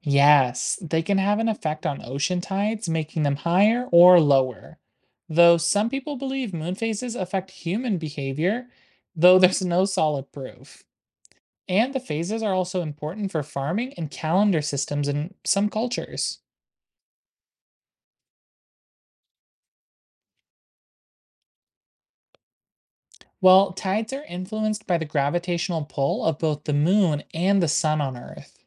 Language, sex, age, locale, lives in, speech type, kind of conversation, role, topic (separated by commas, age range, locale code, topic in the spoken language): English, male, 20-24, en-US, United States, read, expert-assistant, expert, What are the phases of the moon and their effects?